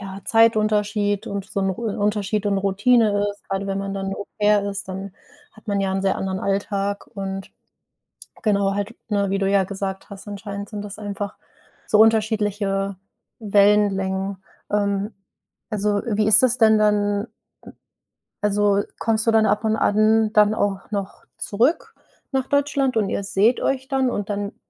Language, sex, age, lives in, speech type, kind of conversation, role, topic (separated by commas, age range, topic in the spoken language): German, female, 25-29, Germany, conversation, advice, advisor, Wie erlebst du Heimweh und den Verlust alter Freundschaften nach einem Umzug?